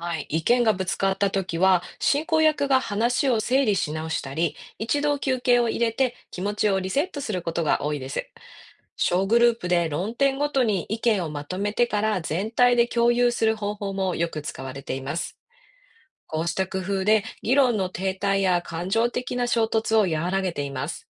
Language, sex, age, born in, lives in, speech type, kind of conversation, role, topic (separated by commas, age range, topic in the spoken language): Japanese, female, 45-49, Japan, United States, read, expert-assistant, expert, 行政の仕事でいろいろな人やチームが協力するとき、うまく連携するにはどんな工夫ができるでしょうか？